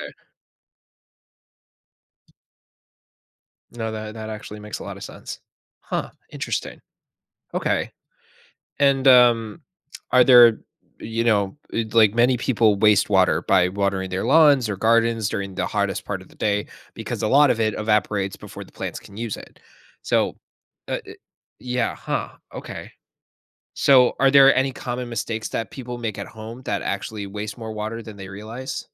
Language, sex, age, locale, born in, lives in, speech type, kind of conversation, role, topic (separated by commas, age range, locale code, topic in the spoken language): English, male, 20-24, en-US, United States, United States, read, expert-assistant, asker, Why should we conserve water?